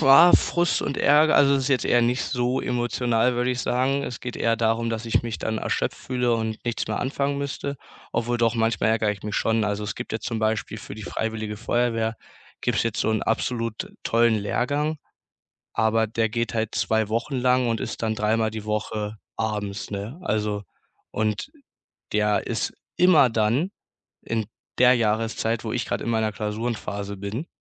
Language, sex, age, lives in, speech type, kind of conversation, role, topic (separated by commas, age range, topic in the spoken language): German, male, 18-19, Germany, conversation, advice, user, Wie kann ich trotz ständig hohem Arbeitspensum wieder mehr Freizeit bekommen?